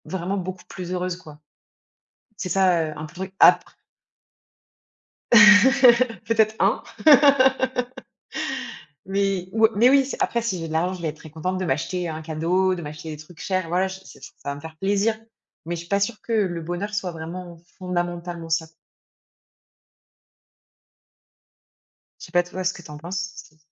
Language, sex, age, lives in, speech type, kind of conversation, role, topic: French, female, 30-34, France, conversation, unstructured, none, Qu’est-ce que le bonheur pour toi ?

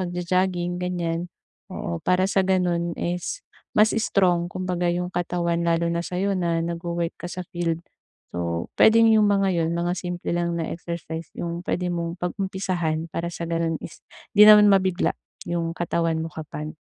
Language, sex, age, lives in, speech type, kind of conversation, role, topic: Filipino, female, 25-29, Philippines, conversation, advice, advisor, Paano ko mapamamahalaan ang aking enerhiya at maiiwasan ang pagkapagod?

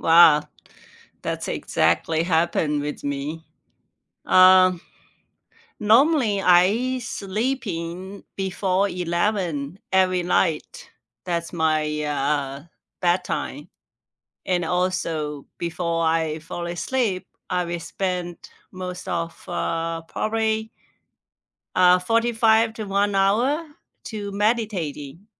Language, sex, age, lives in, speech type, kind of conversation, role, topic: English, female, 45-49, United States, conversation, unstructured, none, What sleep routines actually work for you, and which bedtime rituals help you feel rested and calm?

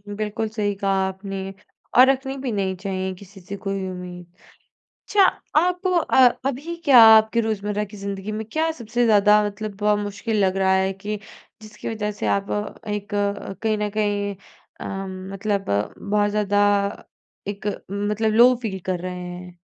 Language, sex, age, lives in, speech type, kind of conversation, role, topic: Hindi, female, 20-24, India, conversation, advice, advisor, टूटे रिश्ते और खोई हुई उम्मीदों के दुख से मैं कैसे उबरूँ?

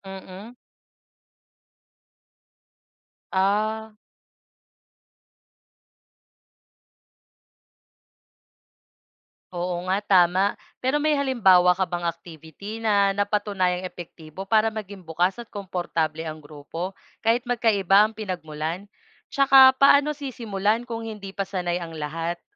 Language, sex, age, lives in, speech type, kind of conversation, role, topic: Filipino, female, 25-29, Philippines, read, expert-assistant, asker, Paano makakatulong ang pag-unawa sa iba’t ibang kultura sa pagpapabuti ng pakikitungo at pakikipagkapwa-tao sa trabaho at komunidad?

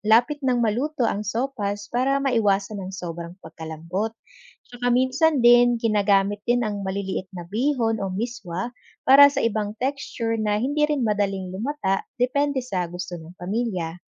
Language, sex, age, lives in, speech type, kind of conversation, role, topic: Filipino, female, 25-29, Philippines, read, expert-assistant, expert, Paano matutong magluto ng iba't ibang ulam kahit walang pormal na training?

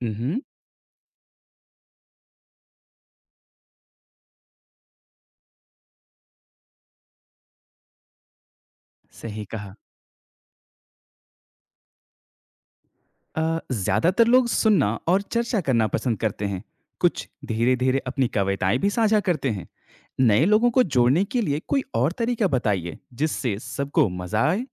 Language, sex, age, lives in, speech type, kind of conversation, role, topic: Hindi, male, 25-29, India, read, expert-assistant, asker, ऑनलाइन हिंदी कविता क्लब कैसे शुरू और सक्रिय रखा जाए?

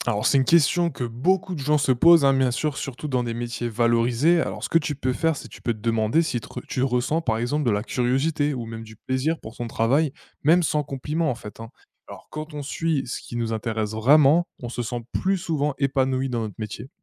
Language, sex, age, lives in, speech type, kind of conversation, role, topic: French, male, 20-24, France, read, expert-assistant, expert, Comment savoir si je choisis une carrière parce que ça me plaît ou parce que c’est valorisé autour de moi ?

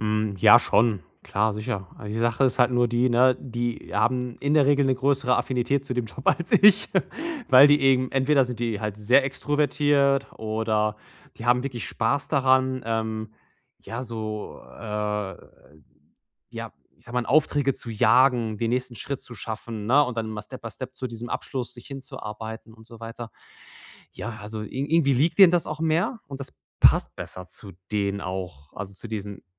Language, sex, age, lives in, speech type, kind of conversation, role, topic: German, male, 30-34, Germany, conversation, advice, user, Wie finde ich eine gute Balance zwischen meiner Außenwirkung und meinem inneren Selbst?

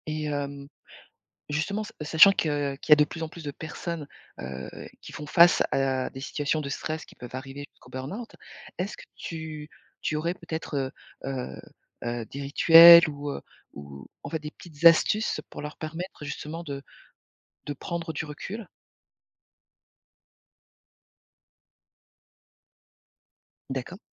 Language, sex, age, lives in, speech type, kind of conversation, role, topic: French, female, 45-49, France, conversation, podcast, host, Comment gères-tu le stress et le burn-out au travail ?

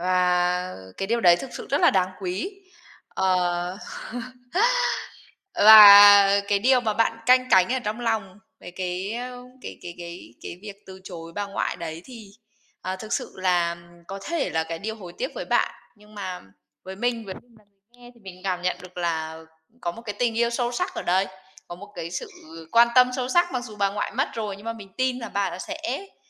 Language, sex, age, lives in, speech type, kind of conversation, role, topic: Vietnamese, female, 30-34, Vietnam, conversation, podcast, host, Bạn từng hối tiếc điều gì và đã học được bài học gì từ điều đó?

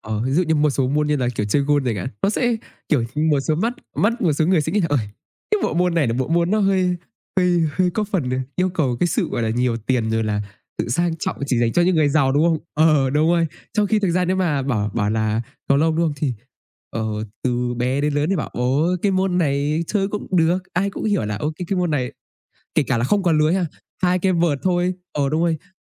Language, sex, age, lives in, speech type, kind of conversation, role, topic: Vietnamese, male, 20-24, Vietnam, conversation, unstructured, none, Bạn dựa vào những tiêu chí nào để chọn một môn thể thao để tham gia?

